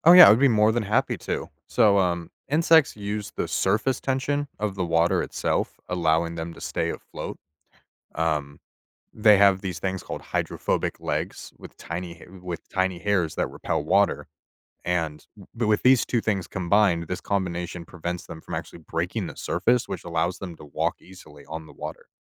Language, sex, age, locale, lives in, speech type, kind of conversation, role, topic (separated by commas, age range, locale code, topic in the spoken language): English, male, 25-29, en-US, United States, read, expert-assistant, expert, How do insects walk on water?